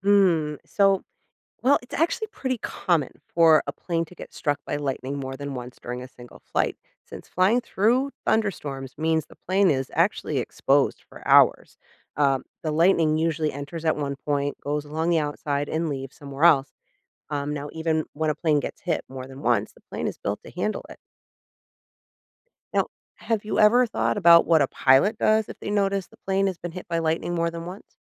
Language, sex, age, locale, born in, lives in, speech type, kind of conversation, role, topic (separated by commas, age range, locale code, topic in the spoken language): English, female, 40-44, en-US, United States, United States, read, expert-assistant, expert, What causes lightning during thunderstorms?